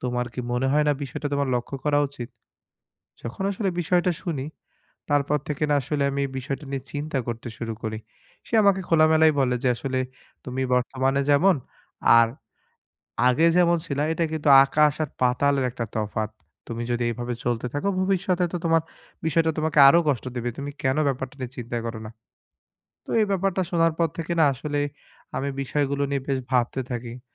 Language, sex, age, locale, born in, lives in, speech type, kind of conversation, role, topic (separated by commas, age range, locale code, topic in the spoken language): Bengali, male, 25-29, bn-BD, Bangladesh, Bangladesh, conversation, advice, user, ভীতি ও আত্মসমালোচনার কারণে আমি কেন নতুন কিছু চেষ্টা করি না?